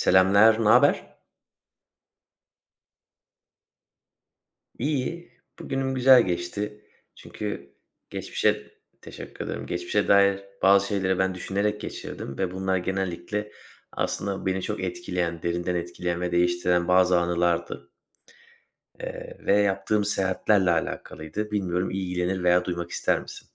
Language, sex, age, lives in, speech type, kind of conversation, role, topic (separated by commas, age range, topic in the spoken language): Turkish, male, 30-34, Bulgaria, conversation, unstructured, none, Hayatında seni en çok değiştiren deneyim neydi?